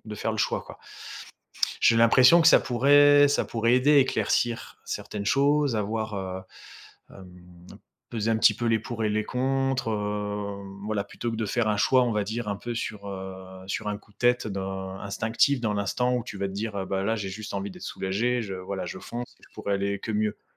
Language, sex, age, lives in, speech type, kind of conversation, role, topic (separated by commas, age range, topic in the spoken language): French, male, 35-39, France, conversation, advice, advisor, Comment puis-je évaluer le coût émotionnel de mes choix pour éviter de retomber dans de mauvaises habitudes ?